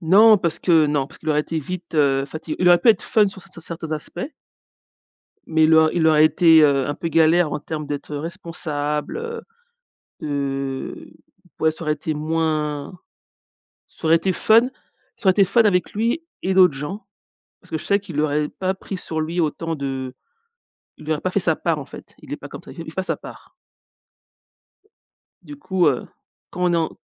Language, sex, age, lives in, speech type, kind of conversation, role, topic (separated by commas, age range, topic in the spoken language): French, female, 40-44, United States, conversation, podcast, guest, Qu’est-ce qui fait, selon toi, une bonne amitié ?